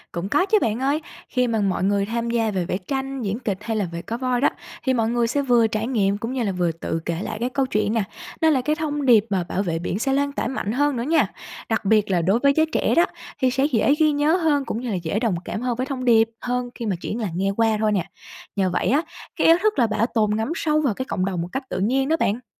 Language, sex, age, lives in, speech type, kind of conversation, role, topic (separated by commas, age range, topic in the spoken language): Vietnamese, female, 25-29, Vietnam, read, expert-assistant, expert, Bạn nghĩ gì về việc một số vùng coi cá voi là linh thiêng, trong khi nơi khác săn bắt chúng?